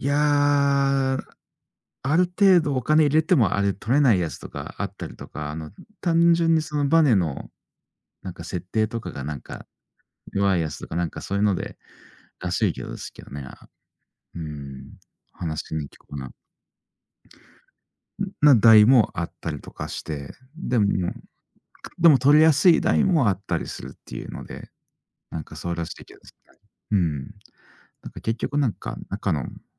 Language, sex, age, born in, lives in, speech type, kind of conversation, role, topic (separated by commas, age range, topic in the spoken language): Japanese, male, 40-44, Japan, Japan, conversation, unstructured, none, ストレスを感じたとき、どのように乗り越えていますか？